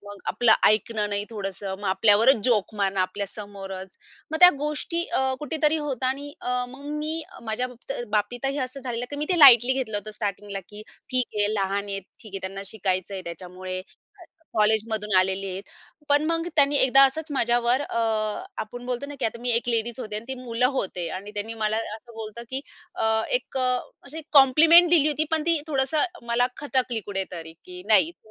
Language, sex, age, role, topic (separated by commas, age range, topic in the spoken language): Marathi, female, 30-34, guest, शिस्त आणि प्रेम यांच्यात तुम्ही संतुलन कसे साधता?